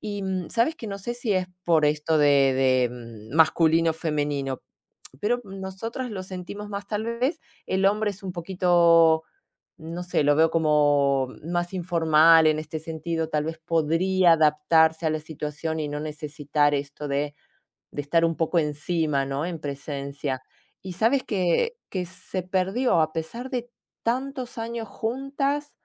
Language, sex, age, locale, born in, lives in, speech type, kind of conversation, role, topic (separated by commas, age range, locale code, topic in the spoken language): Spanish, female, 45-49, es-AR, Argentina, Italy, conversation, unstructured, none, ¿Crees que la distancia afecta las amistades? ¿Por qué?